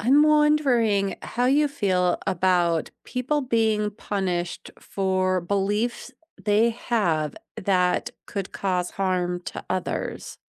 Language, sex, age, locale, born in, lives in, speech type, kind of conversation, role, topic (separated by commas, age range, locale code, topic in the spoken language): English, female, 40-44, en-US, United States, United States, conversation, unstructured, none, Should I be punished for beliefs that hurt others?